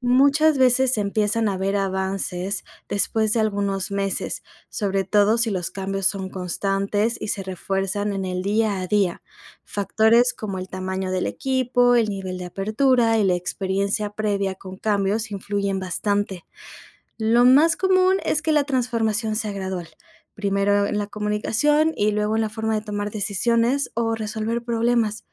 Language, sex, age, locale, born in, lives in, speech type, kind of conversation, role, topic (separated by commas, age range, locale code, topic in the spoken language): Spanish, female, 25-29, es-MX, Mexico, Mexico, read, expert-assistant, expert, ¿Cómo impacta la cultura en la forma de trabajar y liderar equipos internacionales?